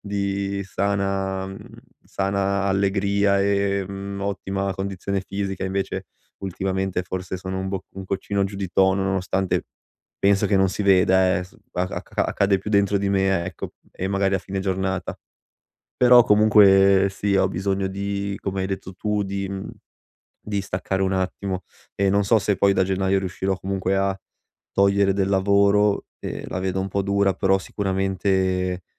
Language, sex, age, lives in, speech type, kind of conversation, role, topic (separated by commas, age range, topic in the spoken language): Italian, male, 25-29, Italy, conversation, advice, user, Come posso gestire l’esaurimento che sto vivendo come fondatore?